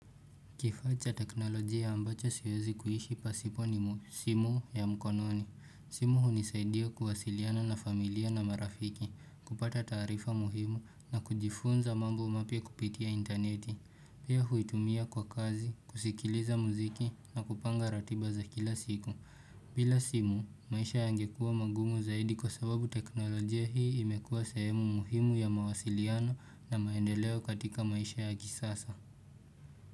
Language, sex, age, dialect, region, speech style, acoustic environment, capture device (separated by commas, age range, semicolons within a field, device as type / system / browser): Swahili, male, 18-24, Kenya - Nairobi Sheng-influenced, Kenya, relaxed, nature; appliances, desktop / Windows / Chrome